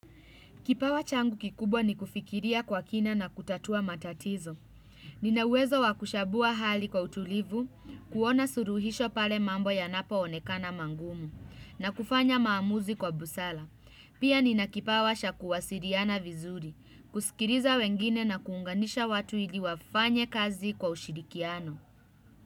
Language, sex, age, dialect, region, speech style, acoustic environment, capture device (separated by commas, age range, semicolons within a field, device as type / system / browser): Swahili, female, 25-34, Kenya - Nairobi Sheng-influenced, Kenya, happy, music, desktop / Windows / Chrome